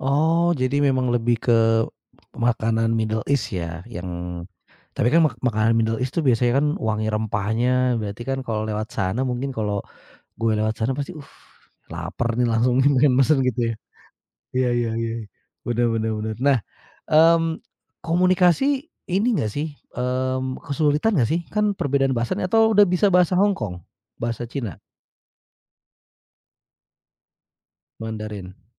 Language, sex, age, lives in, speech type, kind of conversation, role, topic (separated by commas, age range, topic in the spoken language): Indonesian, male, 35-39, Indonesia, conversation, podcast, host, Apa pengalaman paling berkesan saat kamu bepergian sendirian, dan bisa kamu ceritakan?